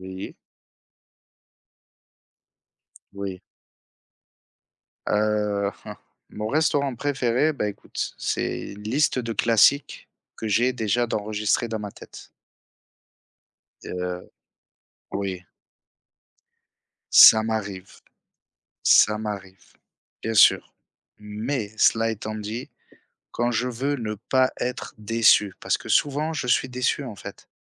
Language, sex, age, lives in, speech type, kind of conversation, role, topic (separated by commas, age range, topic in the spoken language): French, male, 30-34, France, conversation, unstructured, none, Comment choisissez-vous votre restaurant préféré pour une sortie ?